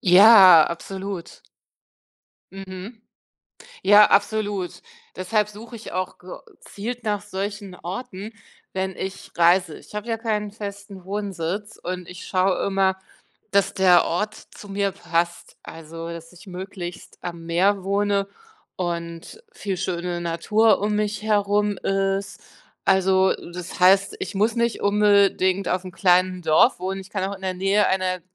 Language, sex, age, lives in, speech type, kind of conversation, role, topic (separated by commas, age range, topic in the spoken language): German, female, 45-49, Germany, conversation, podcast, guest, Wie findest du Zeit für kreatives Schaffen?